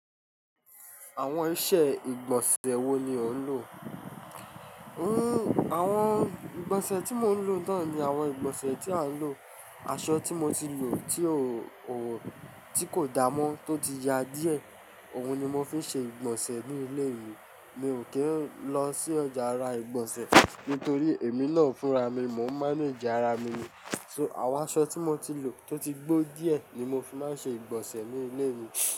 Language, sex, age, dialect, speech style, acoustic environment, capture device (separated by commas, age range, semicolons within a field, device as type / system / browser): Yoruba, male, 25-34, Nigeria - Ijebu, relaxed, music, mobile / Linux / Chrome